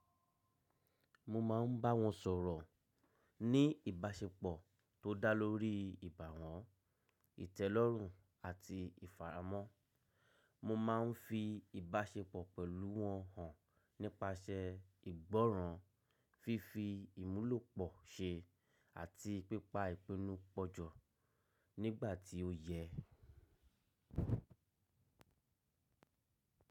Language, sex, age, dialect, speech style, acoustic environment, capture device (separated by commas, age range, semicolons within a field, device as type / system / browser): Yoruba, male, 35-44, Nigeria - Lagos Yoruba, happy, appliances, mobile / macOS / Safari